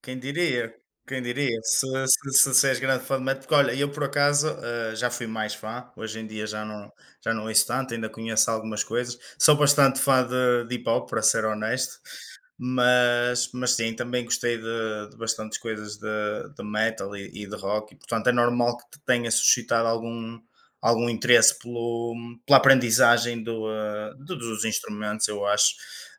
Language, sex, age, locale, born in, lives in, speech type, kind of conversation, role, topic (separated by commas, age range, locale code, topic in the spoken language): Portuguese, male, 25-29, pt-PT, Portugal, Portugal, conversation, unstructured, none, Você já tentou aprender a tocar um instrumento musical? Como foi?